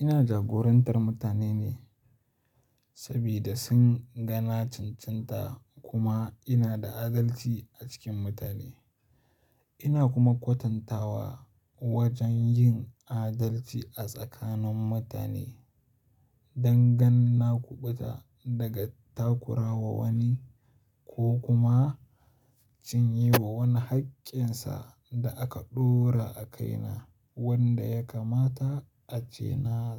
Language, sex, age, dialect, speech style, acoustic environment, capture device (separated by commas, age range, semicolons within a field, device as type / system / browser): Hausa, male, 18-24, Nigeria - Kano, focused, silence, mobile / Linux / Chrome